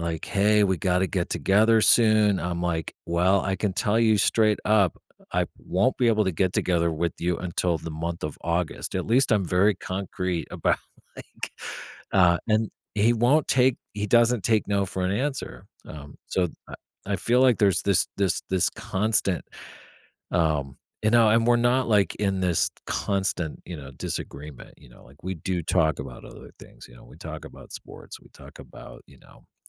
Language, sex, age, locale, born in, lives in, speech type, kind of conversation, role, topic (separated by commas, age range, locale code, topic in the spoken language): English, male, 45-49, en-US, United States, United States, conversation, advice, user, How can I resolve a disagreement with a close friend?